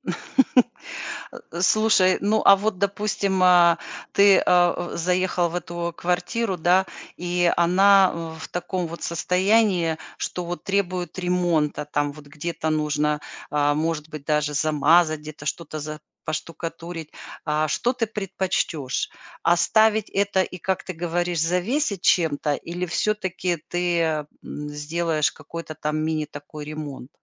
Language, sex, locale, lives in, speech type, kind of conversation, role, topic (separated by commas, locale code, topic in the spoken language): Russian, female, ru-RU, United States, conversation, podcast, host, Как сделать съёмную квартиру «своей» без ремонта?